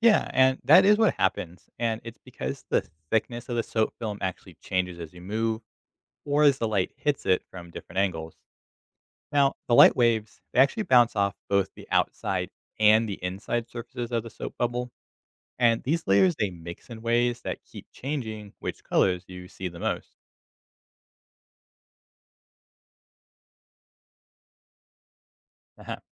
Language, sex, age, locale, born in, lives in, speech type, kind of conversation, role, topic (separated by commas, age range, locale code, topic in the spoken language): English, male, 25-29, en-US, United States, United States, read, expert-assistant, expert, Why do soap bubbles show rainbow colors?